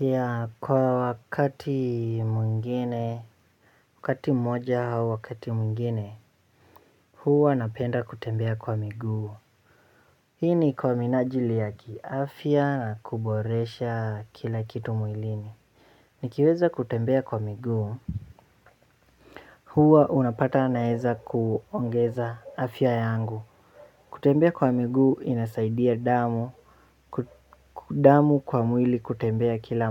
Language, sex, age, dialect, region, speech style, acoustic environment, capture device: Swahili, male, 18-24, Kenya - Nairobi Sheng-influenced, Kenya, relaxed, nature, mobile / Linux / Chrome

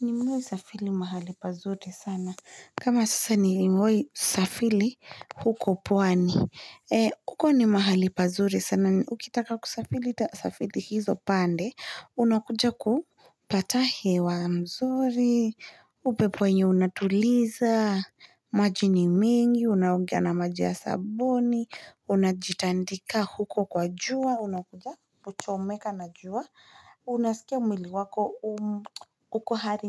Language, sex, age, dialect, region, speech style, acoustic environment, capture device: Swahili, female, 25-34, Kenya - Nairobi Sheng-influenced, Kenya, relaxed; focused, silence, mobile / Linux / Chrome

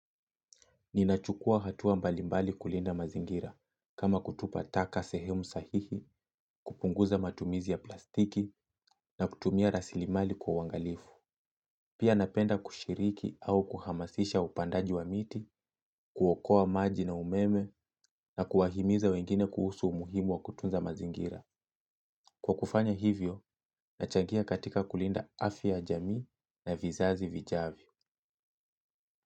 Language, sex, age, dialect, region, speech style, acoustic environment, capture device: Swahili, male, 25-34, Kenya - Mombasa, Kenya, relaxed; focused; happy, silence, mobile / Linux / Chrome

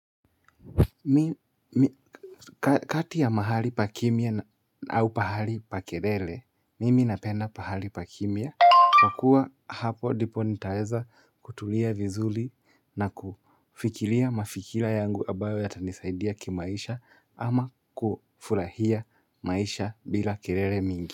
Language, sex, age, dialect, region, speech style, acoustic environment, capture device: Swahili, male, 25-34, Kenya - Nairobi Sheng-influenced, Kenya, happy; nervous, silence, mobile / Linux / Chrome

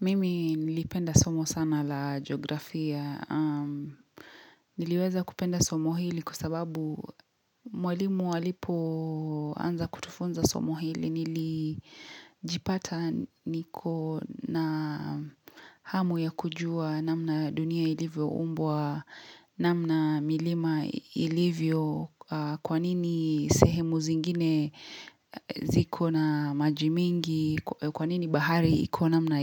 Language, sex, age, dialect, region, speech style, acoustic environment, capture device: Swahili, female, 25-34, Kenya - Mombasa, Kenya, happy; relaxed; focused; excited, silence, mobile / Linux / Chrome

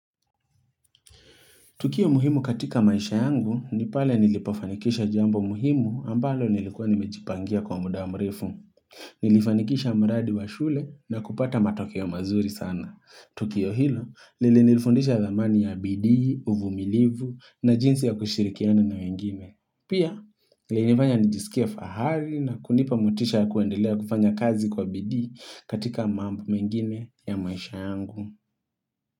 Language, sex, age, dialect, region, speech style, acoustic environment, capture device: Swahili, male, 25-34, Kenya - Nairobi Sheng-influenced, Kenya, relaxed; focused, nature; appliances, mobile / Linux / Chrome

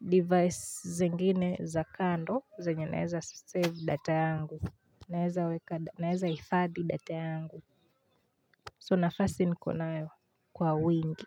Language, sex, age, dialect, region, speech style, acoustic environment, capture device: Swahili, female, 18-24, Kenya - Nairobi Sheng-influenced, Kenya, anxious; excited; focused, people talking; appliances; silence; nature, mobile / Linux / Chrome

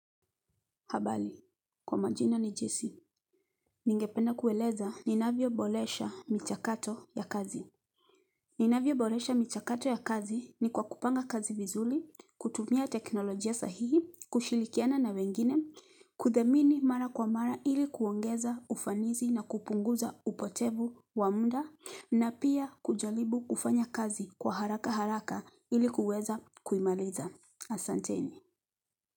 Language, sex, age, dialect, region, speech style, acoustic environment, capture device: Swahili, female, 35-44, Kenya - Nairobi Sheng-influenced, Kenya, relaxed, silence, mobile / Linux / Chrome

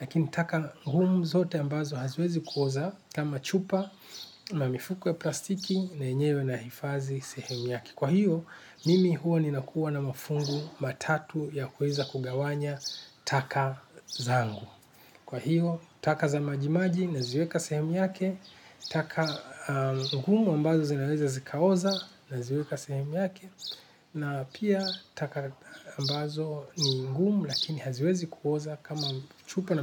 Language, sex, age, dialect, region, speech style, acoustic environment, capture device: Swahili, male, 45-54, Kenya - Nairobi Sheng-influenced, Kenya, relaxed, silence, desktop / Linux / Chrome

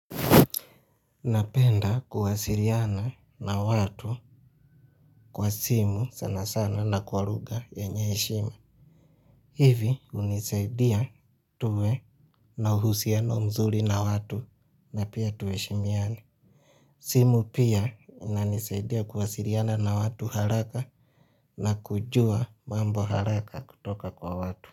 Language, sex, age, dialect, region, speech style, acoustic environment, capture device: Swahili, male, 25-34, Kenya - Mombasa, Kenya, relaxed, nature; silence, mobile / Linux / Chrome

